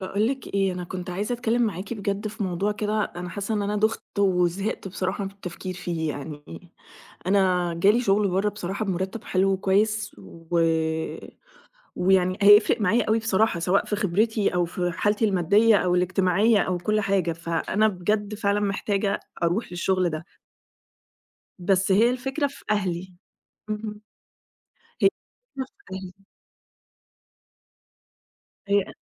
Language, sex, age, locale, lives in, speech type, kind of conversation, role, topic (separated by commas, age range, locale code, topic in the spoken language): Arabic, female, 30-34, ar-EG, Egypt, conversation, advice, user, إزاي تحب تبلّغ أهلك بخبر وحش أو قرار صعب؟